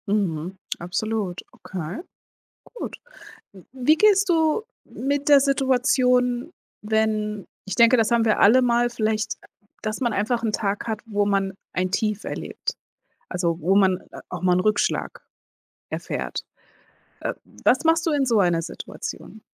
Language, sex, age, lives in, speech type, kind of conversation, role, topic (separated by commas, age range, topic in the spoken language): German, female, 40-44, United States, conversation, podcast, host, Wie setzt du dir Ziele, die dich wachsen lassen?